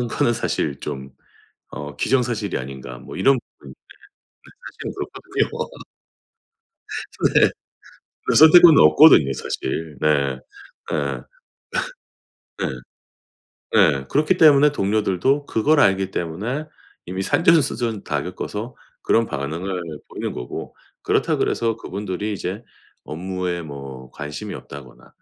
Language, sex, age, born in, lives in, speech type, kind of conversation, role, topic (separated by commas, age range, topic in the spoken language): Korean, male, 45-49, South Korea, United States, conversation, advice, advisor, 고객 불만에 과도하게 감정적으로 반응하지 않으려면 어떻게 해야 하나요?